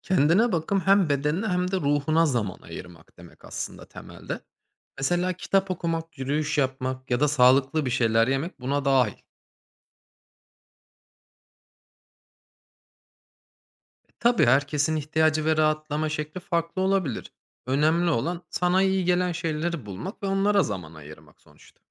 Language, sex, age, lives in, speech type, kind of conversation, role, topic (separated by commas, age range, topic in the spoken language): Turkish, male, 30-34, Germany, read, expert-assistant, expert, ‘Kendine bakım’ (self-care) ne anlama geliyor ve neden bu kadar çok konuşuluyor?